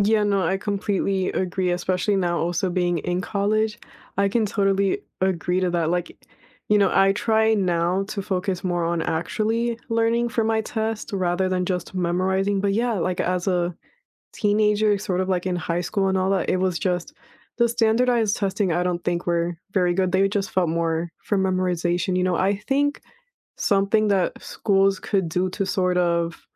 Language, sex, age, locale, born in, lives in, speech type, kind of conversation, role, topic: English, female, 20-24, en-US, United States, United States, conversation, unstructured, none, Do you think standardized tests are a good way to measure learning?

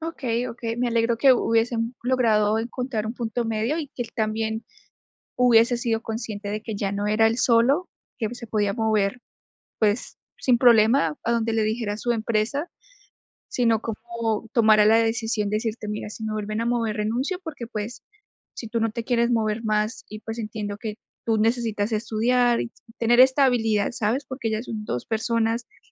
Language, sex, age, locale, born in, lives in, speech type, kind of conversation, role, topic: Spanish, female, 25-29, es-CO, Colombia, United States, conversation, podcast, host, ¿Cómo decides entre lo que tú quieres y lo que tu familia espera de ti?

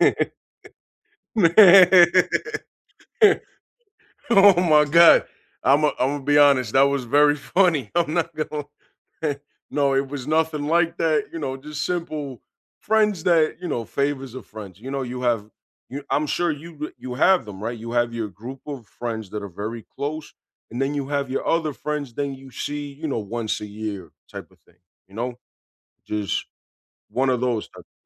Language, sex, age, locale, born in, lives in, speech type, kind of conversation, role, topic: English, male, 30-34, en-US, United States, United States, conversation, unstructured, none, What is a story from your childhood that you like to tell?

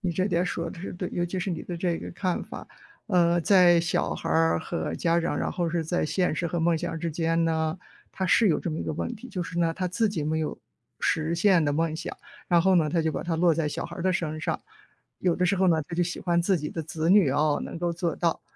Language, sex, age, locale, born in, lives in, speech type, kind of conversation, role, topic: Chinese, female, 55-59, zh-CN, China, United States, conversation, unstructured, none, 你觉得追求梦想更重要，还是面对现实更重要？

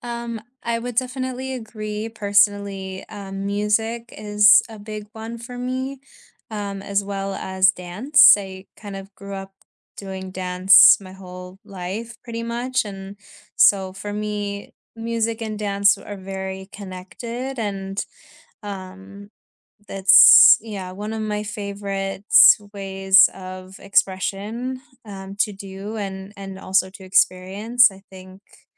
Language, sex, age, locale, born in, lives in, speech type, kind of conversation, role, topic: English, female, 25-29, en-US, United States, United States, conversation, unstructured, none, What role does art play in everyday life?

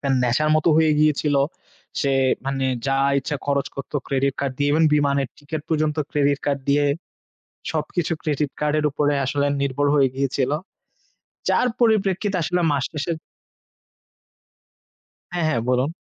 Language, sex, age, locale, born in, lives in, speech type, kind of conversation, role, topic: Bengali, male, 25-29, bn-BD, Bangladesh, Finland, conversation, unstructured, none, ক্রেডিট কার্ড ব্যবহার করলে কি সব সময়ই সুবিধা হয়?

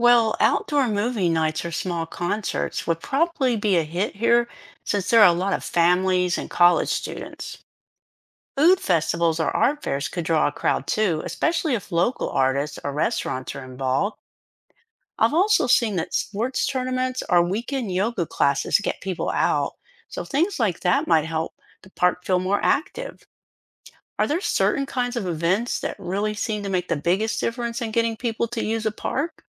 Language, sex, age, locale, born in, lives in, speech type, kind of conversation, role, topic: English, female, 60-64, en-US, United States, United States, read, expert-assistant, asker, What factors make public parks feel less safe?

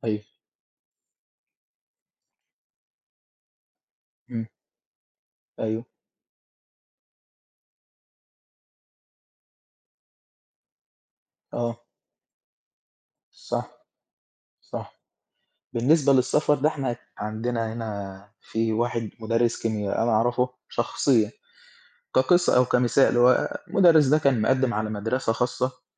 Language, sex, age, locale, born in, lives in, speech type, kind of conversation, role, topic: Arabic, male, 18-19, ar-EG, Egypt, Egypt, conversation, unstructured, none, إيه اللي بيخليك تفقد أعصابك وإنت بتتكلم مع حد مختلف معاك في الرأي؟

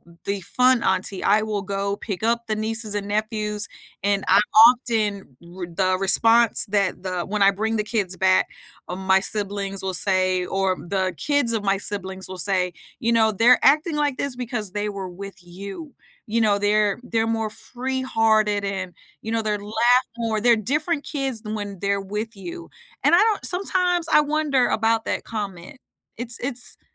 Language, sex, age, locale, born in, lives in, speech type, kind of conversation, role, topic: English, female, 45-49, en-US, United States, United States, conversation, unstructured, none, What memory still motivates you to take action?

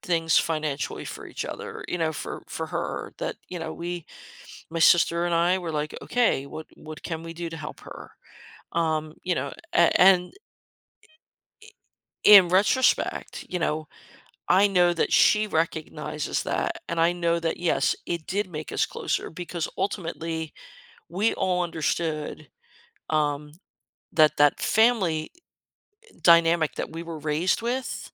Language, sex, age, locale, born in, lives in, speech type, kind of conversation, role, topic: English, female, 55-59, en-US, United States, United States, conversation, podcast, guest, How do families create and maintain close relationships over time?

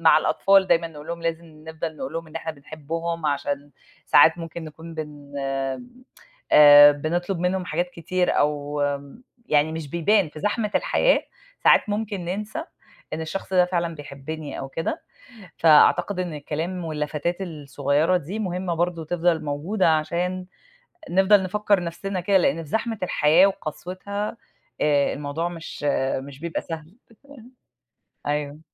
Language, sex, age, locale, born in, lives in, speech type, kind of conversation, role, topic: Arabic, female, 40-44, ar-EG, Egypt, United States, conversation, unstructured, none, إزاي بتعبر عن حبك لحد؟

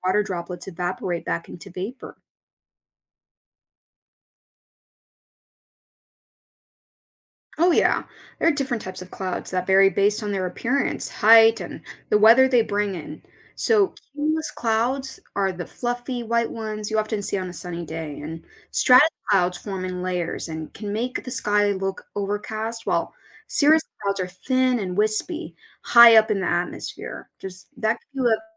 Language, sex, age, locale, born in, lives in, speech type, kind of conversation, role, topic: English, female, 30-34, en-US, United States, United States, read, expert-assistant, expert, How do clouds form and influence the weather?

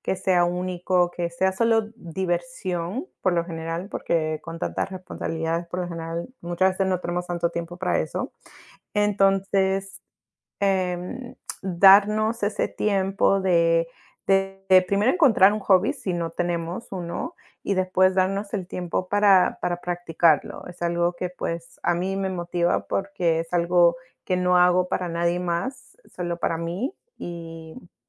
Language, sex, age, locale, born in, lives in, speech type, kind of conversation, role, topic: Spanish, female, 30-34, es-PE, United States, United States, conversation, unstructured, none, ¿Qué te motiva a seguir practicando un pasatiempo?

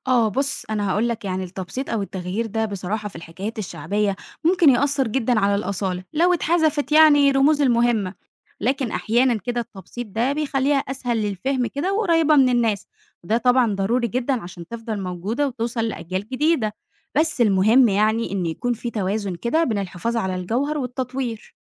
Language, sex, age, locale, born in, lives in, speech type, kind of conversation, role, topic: Arabic, female, 20-24, ar-EG, Egypt, Egypt, read, expert-assistant, expert, إيه الفنون الشعبية اللي لو اندثرت هتحس إن في حاجة كبيرة ضاعت من الهوية المصرية؟ ترتبهم إزاي من حيث الأهمية؟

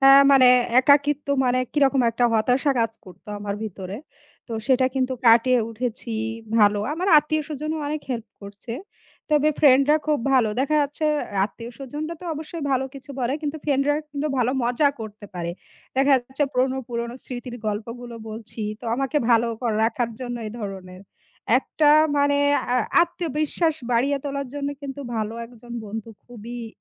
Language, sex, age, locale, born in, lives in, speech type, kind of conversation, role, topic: Bengali, female, 35-39, bn-BD, Bangladesh, Bangladesh, conversation, unstructured, none, বন্ধুত্ব কীভাবে সমাজে সুখ নিয়ে আসে?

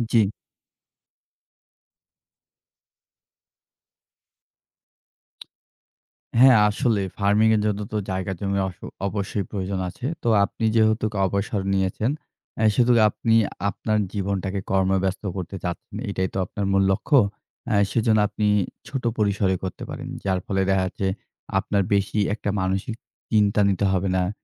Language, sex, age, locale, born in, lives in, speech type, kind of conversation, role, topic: Bengali, male, 25-29, bn-BD, Bangladesh, Bangladesh, conversation, advice, advisor, অবসর নেওয়ার পরে নতুন দৈনন্দিন রুটিন কীভাবে গড়ে তুলবেন?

